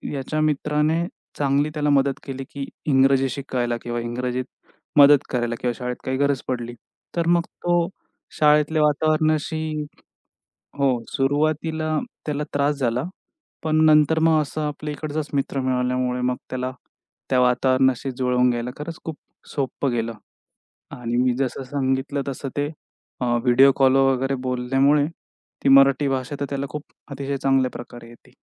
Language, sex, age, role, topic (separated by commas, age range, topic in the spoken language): Marathi, male, 25-29, guest, नव्या ठिकाणी राहायला गेल्यावर तुम्ही तुमची संस्कृती टिकवण्यासाठी काय करता?